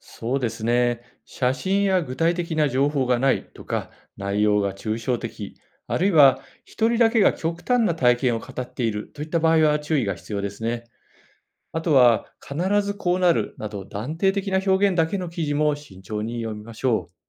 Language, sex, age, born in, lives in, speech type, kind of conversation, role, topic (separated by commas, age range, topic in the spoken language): Japanese, male, 55-59, Japan, Japan, read, expert-assistant, expert, 地球の不思議な景色について調べるとき、どんな方法で面白い情報にたどり着けますか？